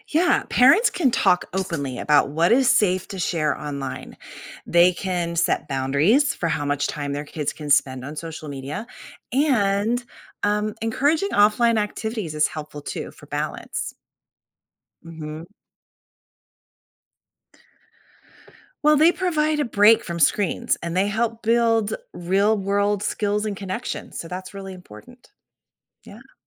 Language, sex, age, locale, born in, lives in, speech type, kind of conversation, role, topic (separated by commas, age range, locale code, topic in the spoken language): English, female, 45-49, en-US, Germany, United States, read, expert-assistant, expert, What impact does social media have on mental health?